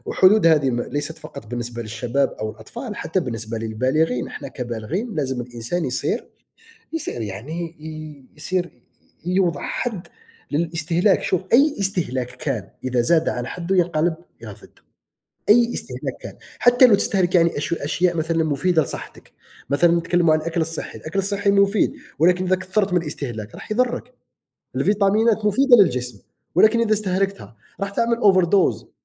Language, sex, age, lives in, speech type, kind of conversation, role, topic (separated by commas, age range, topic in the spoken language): Arabic, male, 30-34, United States, conversation, unstructured, none, إيه رأيك في تأثير وسائل التواصل الاجتماعي على حياتنا اليومية؟